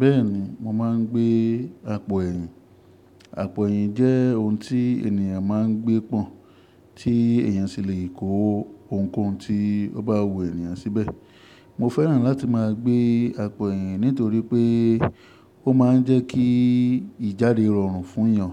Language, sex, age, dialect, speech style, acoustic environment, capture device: Yoruba, male, 25-34, Nigeria - Ibadan, focused, nature, mobile / Linux / Chrome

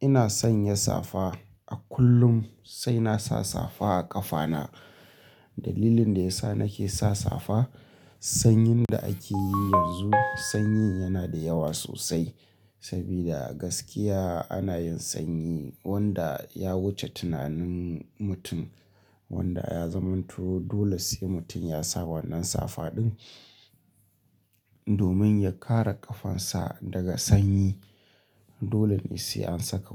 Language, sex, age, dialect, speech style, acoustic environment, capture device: Hausa, male, 25-34, Nigeria - Kano, happy; anxious; tired; relaxed; nervous; excited; focused; stressed, people talking; music; nature; silence; traffic; construction; appliances, mobile / Linux / Chrome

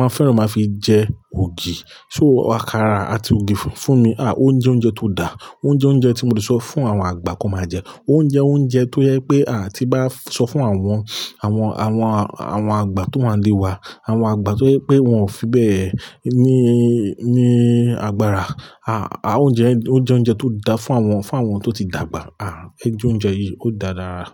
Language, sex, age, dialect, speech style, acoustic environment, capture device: Yoruba, male, 35-44, Nigeria - Lagos Yoruba, focused, appliances, mobile / Linux / Chrome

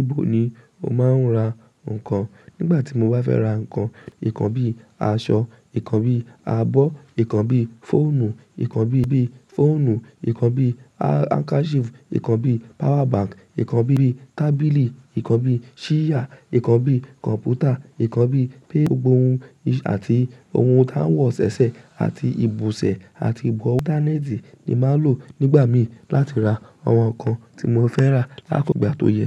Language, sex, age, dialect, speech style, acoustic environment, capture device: Yoruba, female, 18-24, Nigeria - Lagos Yoruba, relaxed; happy, silence; nature, mobile / macOS / Safari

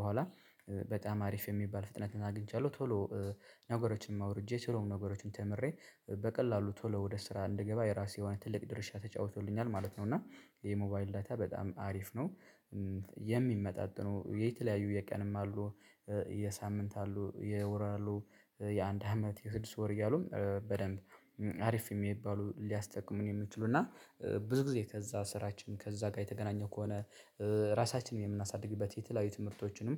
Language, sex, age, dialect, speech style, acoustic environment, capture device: Amharic, male, 25-34, Ethiopia - Addis Ababa, relaxed; excited, silence; nature, mobile / Linux / Chrome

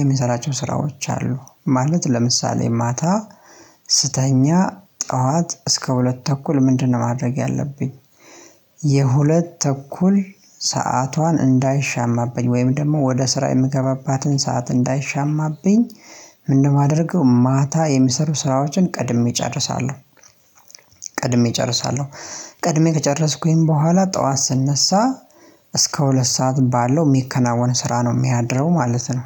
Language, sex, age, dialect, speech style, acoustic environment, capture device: Amharic, male, 25-34, Ethiopia - Addis Ababa, excited, silence, mobile / Linux / Chrome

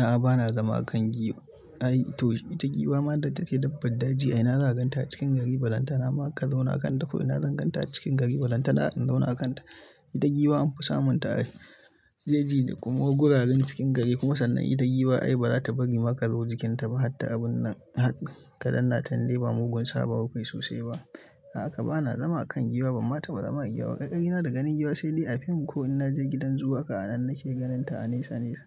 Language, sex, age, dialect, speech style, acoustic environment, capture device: Hausa, male, 25-34, Nigeria - Kano, focused, silence, mobile / Linux / Chrome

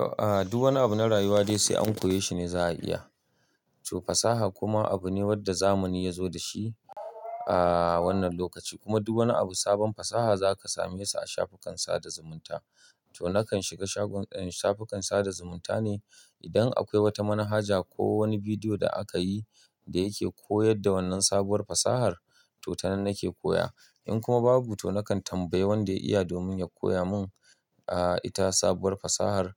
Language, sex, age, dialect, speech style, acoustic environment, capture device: Hausa, male, 35-44, Nigeria - Kano, happy; relaxed; tired; stressed; focused; excited, people talking; nature; silence; appliances, mobile / Linux / Chrome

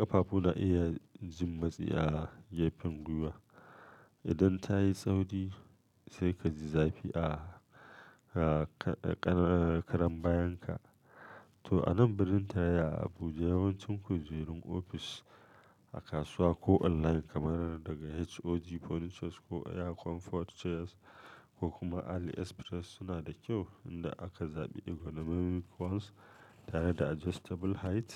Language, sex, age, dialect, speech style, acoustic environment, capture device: Hausa, male, 35-44, Nigeria - Kano, focused, silence, mobile / Linux / Chrome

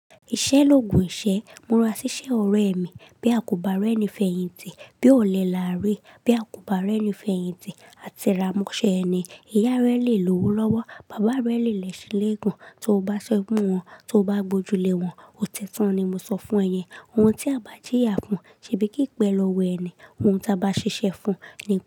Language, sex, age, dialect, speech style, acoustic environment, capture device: Yoruba, female, 25-34, Nigeria - Lagos Yoruba, relaxed, silence, mobile / Linux / Chrome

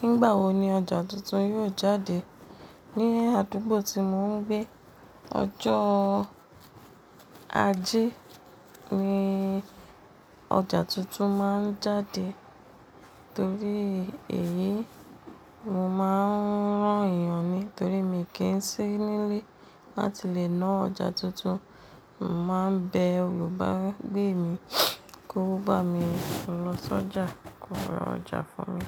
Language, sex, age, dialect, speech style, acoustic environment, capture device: Yoruba, female, 35-44, Nigeria - Lagos Yoruba, relaxed, silence, mobile / Linux / Chrome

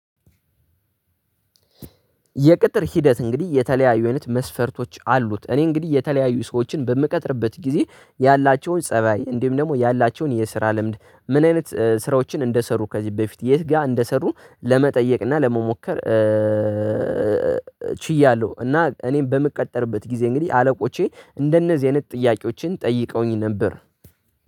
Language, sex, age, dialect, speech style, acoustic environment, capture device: Amharic, male, 18-24, Ethiopia - Addis Ababa, focused; relaxed; tired; excited; anxious; happy, nature, desktop / Linux / Chrome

